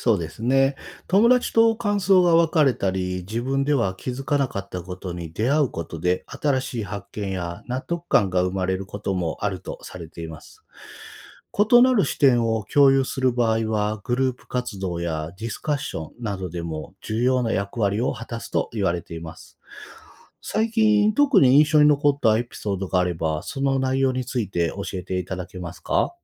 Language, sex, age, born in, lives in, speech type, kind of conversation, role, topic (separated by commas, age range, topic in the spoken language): Japanese, male, 45-49, Japan, Japan, read, expert-assistant, expert, 抽象画はどのように楽しみ、感想をシェアすれば盛り上がりますか？